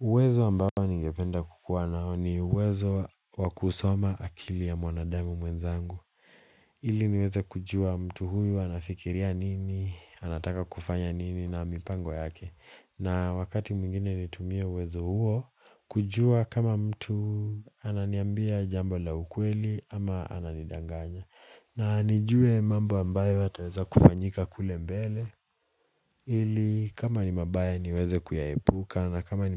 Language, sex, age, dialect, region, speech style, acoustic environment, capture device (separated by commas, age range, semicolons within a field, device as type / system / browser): Swahili, male, 18-24, Kenya - Mombasa, Kenya, relaxed, silence, mobile / Linux / Chrome